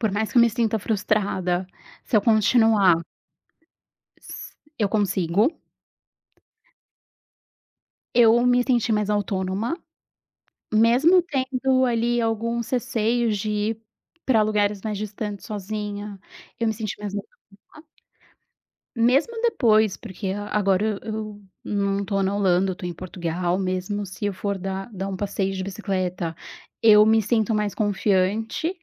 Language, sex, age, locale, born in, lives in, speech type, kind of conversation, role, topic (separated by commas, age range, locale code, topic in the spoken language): Portuguese, female, 35-39, pt-BR, Brazil, Portugal, conversation, podcast, guest, Me conta um momento em que reaprender foi libertador?